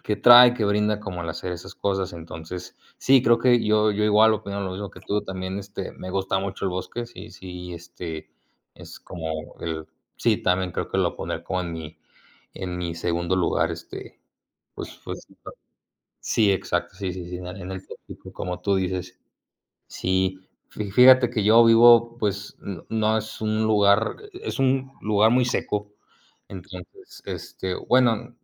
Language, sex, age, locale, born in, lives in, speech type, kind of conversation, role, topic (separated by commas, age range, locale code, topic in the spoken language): Spanish, male, 20-24, es-MX, Mexico, Mexico, conversation, unstructured, none, ¿Cuál es tu lugar natural favorito y por qué?